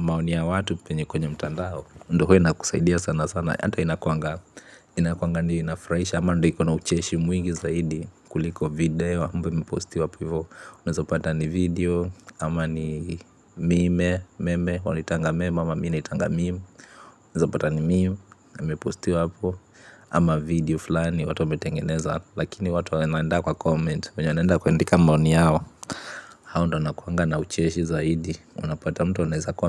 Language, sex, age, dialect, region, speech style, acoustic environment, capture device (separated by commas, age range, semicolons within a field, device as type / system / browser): Swahili, male, 25-34, Kenya - Nairobi Sheng-influenced, Kenya, tired; relaxed, appliances, mobile / Linux / Chrome